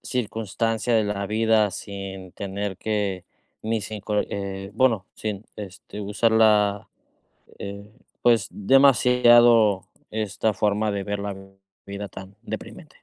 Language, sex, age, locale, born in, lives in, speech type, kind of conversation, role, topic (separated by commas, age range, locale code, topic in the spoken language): Spanish, male, 30-34, es-MX, Mexico, Mexico, conversation, advice, user, ¿Cómo puedo manejar la incertidumbre después de un cambio significativo?